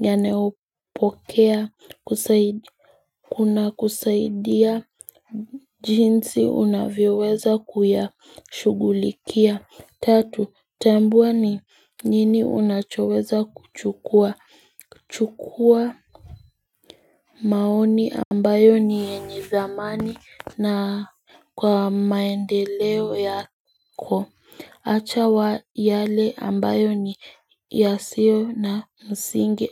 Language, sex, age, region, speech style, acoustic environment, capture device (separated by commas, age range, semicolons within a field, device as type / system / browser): Swahili, female, 18-24, Kenya, excited; focused, nature, mobile / Linux / Chrome